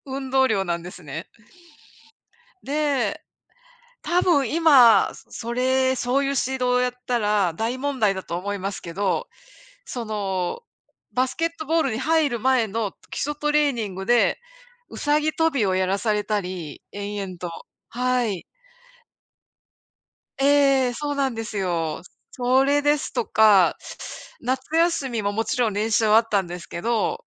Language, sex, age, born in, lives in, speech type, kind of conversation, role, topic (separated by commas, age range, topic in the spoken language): Japanese, female, 55-59, Japan, United States, conversation, unstructured, none, 先生から教わって特に印象に残っていることは何ですか？